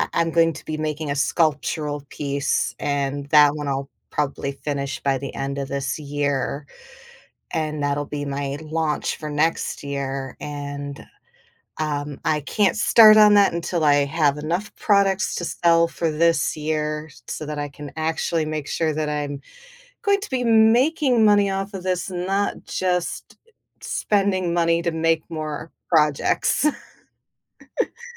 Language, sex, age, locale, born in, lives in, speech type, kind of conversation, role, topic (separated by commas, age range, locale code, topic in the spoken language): English, female, 40-44, en-US, United States, United States, conversation, podcast, guest, What helps you find and sustain motivation when beginning something creative?